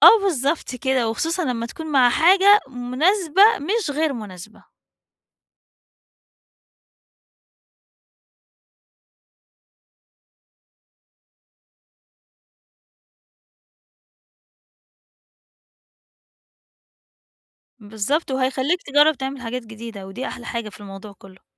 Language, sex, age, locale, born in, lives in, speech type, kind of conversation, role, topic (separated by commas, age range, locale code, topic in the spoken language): Arabic, female, 40-44, ar-EG, Egypt, Portugal, conversation, advice, advisor, التعامل مع النقد والرفض